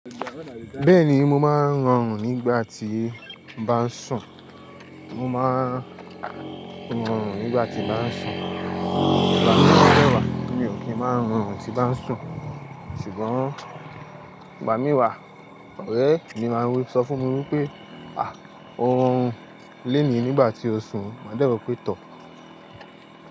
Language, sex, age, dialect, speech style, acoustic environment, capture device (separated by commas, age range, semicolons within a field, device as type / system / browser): Yoruba, male, 25-34, Nigeria - Lagos Yoruba, happy; anxious; tired; excited; focused; relaxed, people talking; music; nature; construction; appliances, mobile / Linux / Chrome